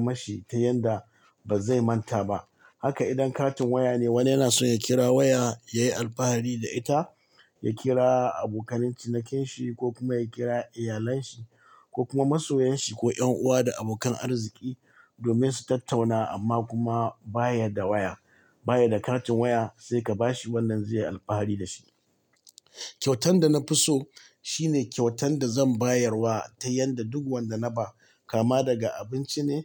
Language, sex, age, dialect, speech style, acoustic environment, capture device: Hausa, male, 45-54, Nigeria - Katsina, happy; excited; focused, people talking; nature, mobile / Linux / Chrome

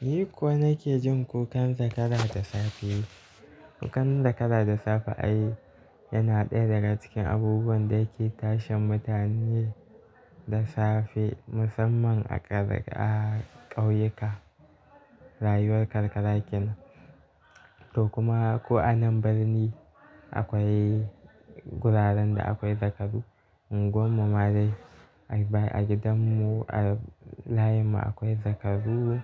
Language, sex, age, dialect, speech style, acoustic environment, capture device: Hausa, male, 18-24, Nigeria - Kano, focused; relaxed, music, mobile / Linux / Chrome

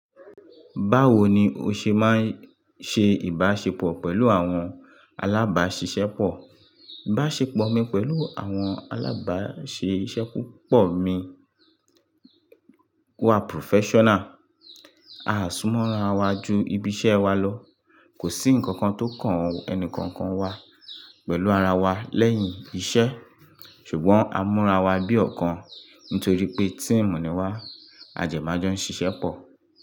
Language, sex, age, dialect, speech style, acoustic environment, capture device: Yoruba, male, 25-34, Nigeria - Lagos Yoruba, happy; relaxed, nature, mobile / Linux / Chrome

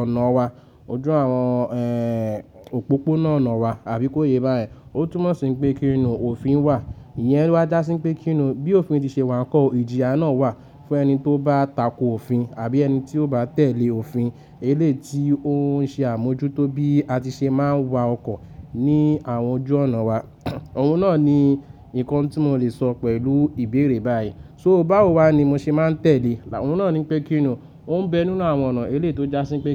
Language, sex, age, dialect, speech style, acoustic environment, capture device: Yoruba, male, 25-34, Nigeria - Lagos Yoruba, happy, nature, mobile / Linux / Chrome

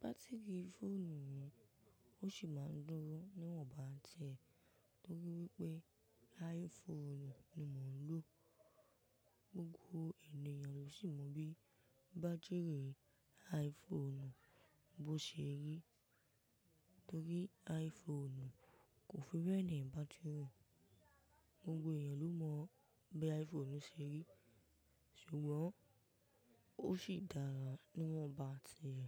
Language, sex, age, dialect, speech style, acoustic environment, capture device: Yoruba, female, 18-24, Nigeria - Ibadan, relaxed, people talking, mobile / macOS / Safari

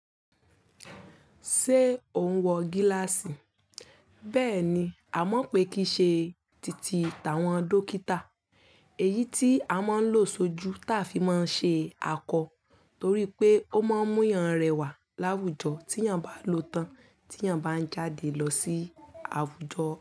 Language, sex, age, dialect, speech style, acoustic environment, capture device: Yoruba, female, 25-34, Nigeria - Ibadan, happy, silence, mobile / Linux / Chrome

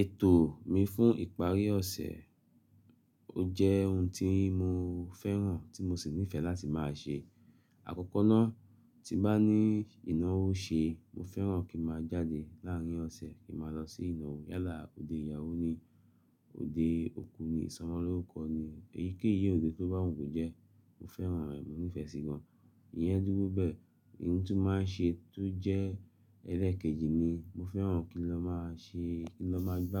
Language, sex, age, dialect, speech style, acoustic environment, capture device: Yoruba, male, 35-44, Nigeria - Lagos Yoruba, happy; focused, silence, mobile / Linux / Chrome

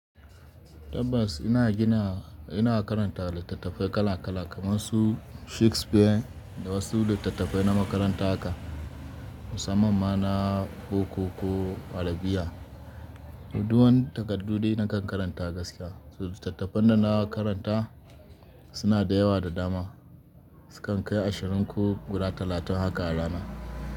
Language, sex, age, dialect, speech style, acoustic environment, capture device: Hausa, male, 25-34, Nigeria - Kano, happy; excited; relaxed, nature, desktop / Linux / Chrome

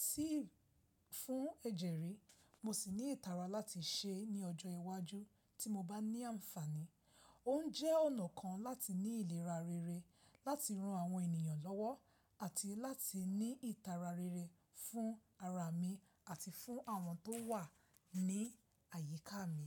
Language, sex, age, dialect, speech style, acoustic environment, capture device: Yoruba, female, 25-34, Nigeria - Lagos Yoruba, focused, silence, mobile / Linux / Chrome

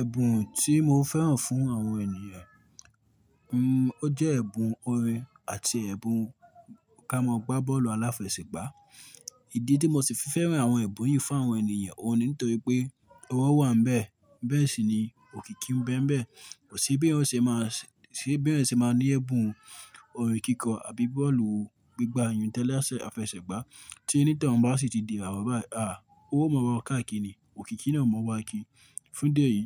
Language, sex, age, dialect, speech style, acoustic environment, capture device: Yoruba, male, 25-34, Nigeria - Ibadan, excited; focused; relaxed, nature; silence, mobile / Linux / Chrome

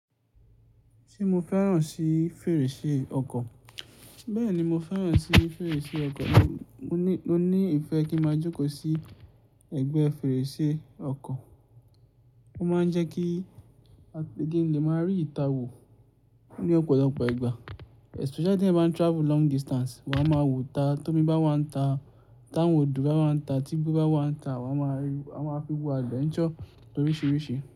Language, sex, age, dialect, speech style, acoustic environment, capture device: Yoruba, male, 18-24, Nigeria - Ibadan, focused, silence, mobile / Linux / Chrome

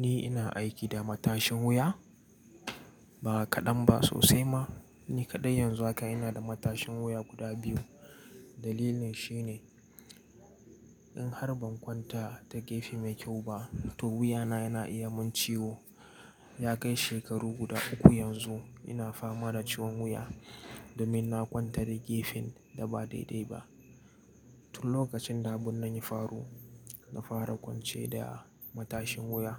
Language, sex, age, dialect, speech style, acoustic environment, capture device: Hausa, male, 18-24, Nigeria - Nigerian Standard, focused, nature, mobile / Linux / Chrome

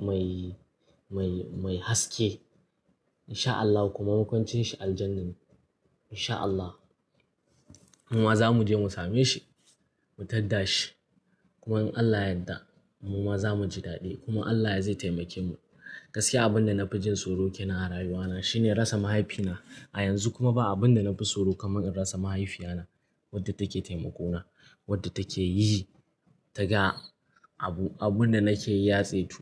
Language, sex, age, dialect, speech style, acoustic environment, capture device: Hausa, male, 18-24, Nigeria - Kano, happy, nature, mobile / Linux / Chrome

